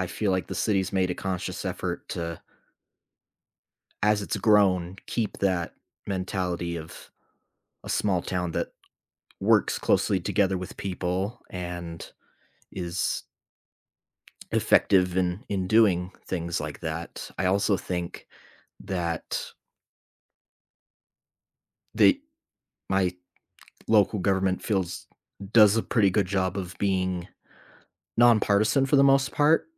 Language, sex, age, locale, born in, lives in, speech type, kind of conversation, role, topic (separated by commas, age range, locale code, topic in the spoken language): English, male, 25-29, en-US, United States, United States, conversation, unstructured, none, What do you like most about your local government?